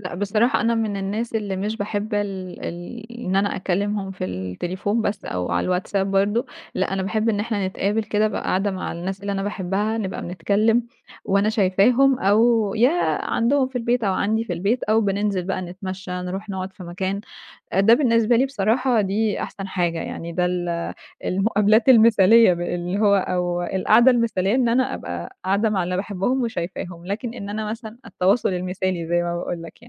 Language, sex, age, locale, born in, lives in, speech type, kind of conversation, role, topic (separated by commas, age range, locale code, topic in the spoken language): Arabic, female, 20-24, ar-EG, Egypt, Egypt, conversation, advice, user, إزاي ألاقي وقت للعلاقات الاجتماعية والهوايات؟